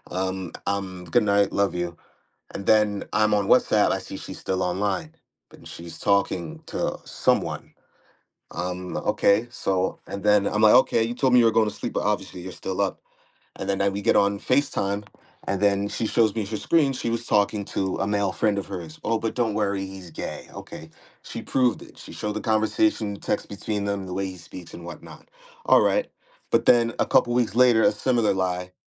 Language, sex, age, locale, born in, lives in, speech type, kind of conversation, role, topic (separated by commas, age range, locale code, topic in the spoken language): English, male, 30-34, en-US, United States, United States, conversation, unstructured, none, How can learning about our attachment styles help us build healthier relationships?